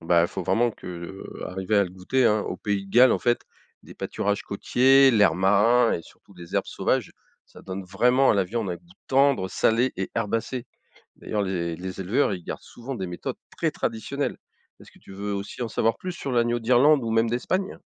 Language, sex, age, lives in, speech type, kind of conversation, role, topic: French, male, 55-59, France, read, expert-assistant, expert, Pourquoi la façon d’élever les animaux varie-t-elle autant selon les pays et les traditions ?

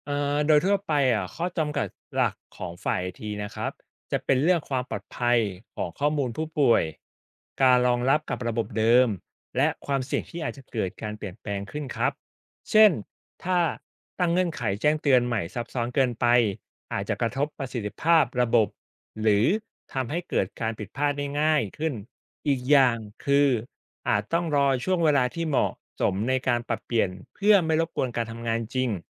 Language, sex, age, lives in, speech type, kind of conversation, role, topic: Thai, male, 35-39, Thailand, read, expert-assistant, expert, มีวิธีไหนช่วยให้ทีมแพทย์กับพยาบาลสื่อสารข้อมูลผู้ป่วยได้มีประสิทธิภาพมากขึ้นไหม?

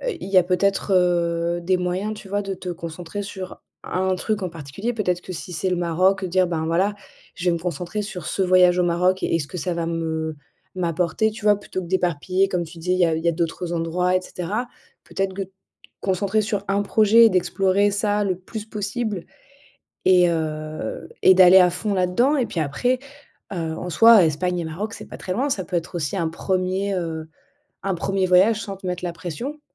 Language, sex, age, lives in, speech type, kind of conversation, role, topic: French, female, 30-34, France, conversation, advice, advisor, Comment puis-je mieux équilibrer ma vie personnelle avec le rythme intense d’une startup ?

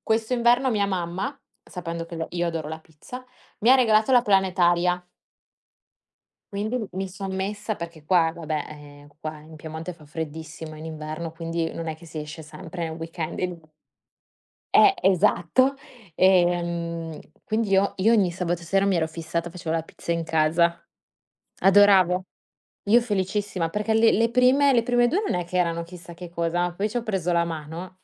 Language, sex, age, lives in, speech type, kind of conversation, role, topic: Italian, female, 25-29, Italy, conversation, unstructured, none, Qual è il piatto che ti rende più felice quando lo mangi?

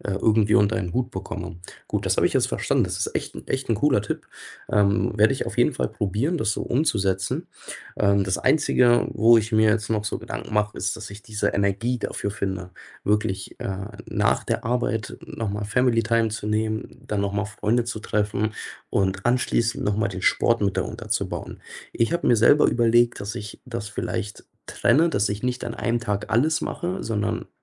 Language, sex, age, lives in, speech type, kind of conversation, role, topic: German, male, 25-29, Germany, conversation, advice, user, Wie schaffst du es, Sport in deinen engen Arbeits- und Familienalltag einzubauen?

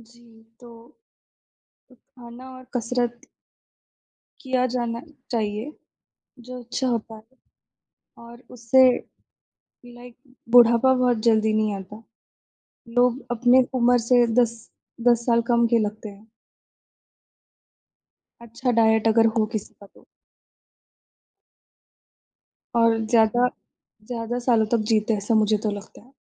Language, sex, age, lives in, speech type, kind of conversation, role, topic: Hindi, female, 20-24, India, conversation, unstructured, none, क्या आपको कभी ऐसा खाना मिला है जिसने आपकी सोच बदल दी हो?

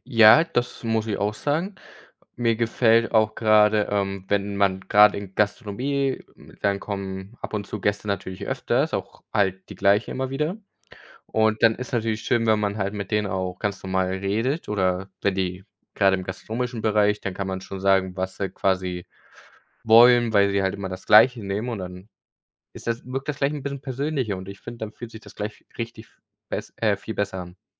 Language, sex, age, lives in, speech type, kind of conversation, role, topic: German, male, 18-19, Germany, conversation, unstructured, none, Was war dein stolzester Moment im Beruf?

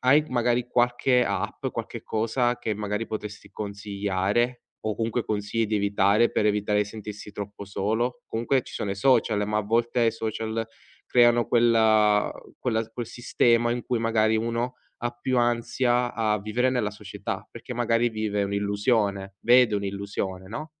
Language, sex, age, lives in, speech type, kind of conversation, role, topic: Italian, male, 20-24, Italy, conversation, podcast, host, Come si può combattere la solitudine nella vita di tutti i giorni?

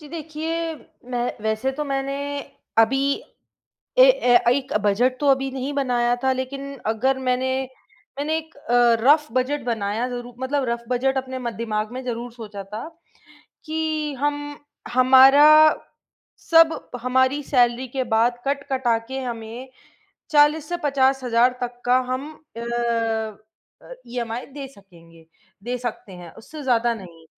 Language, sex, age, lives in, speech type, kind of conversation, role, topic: Hindi, female, 25-29, India, conversation, advice, user, क्या बड़े घर को खरीदने के लिए ऋण लेना आपके लिए सही फैसला होगा?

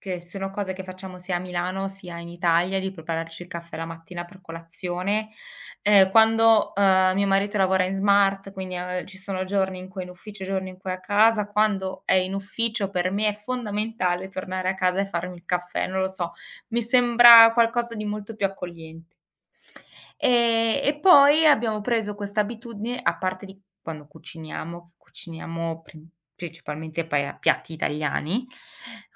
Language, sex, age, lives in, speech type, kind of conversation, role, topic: Italian, female, 40-44, Germany, conversation, podcast, guest, Cosa significa per te sentirti a casa lontano dalla patria?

